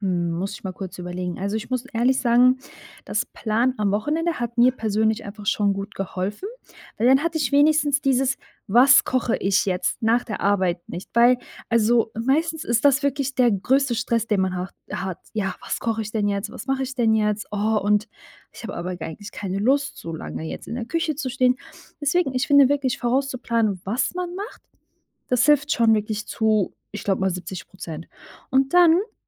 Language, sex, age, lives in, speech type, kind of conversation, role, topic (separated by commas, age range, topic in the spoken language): German, female, 25-29, Germany, conversation, podcast, guest, Was ist dein Tipp, um nach der Arbeit stressfrei zu kochen?